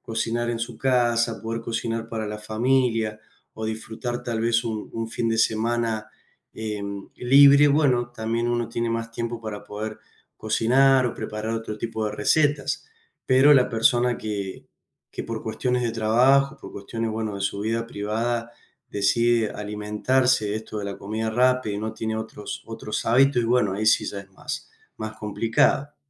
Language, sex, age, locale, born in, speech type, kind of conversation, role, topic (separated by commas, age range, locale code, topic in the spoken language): Spanish, male, 30-34, es-AR, Argentina, conversation, unstructured, none, ¿Cuál es tu comida rápida menos favorita y por qué?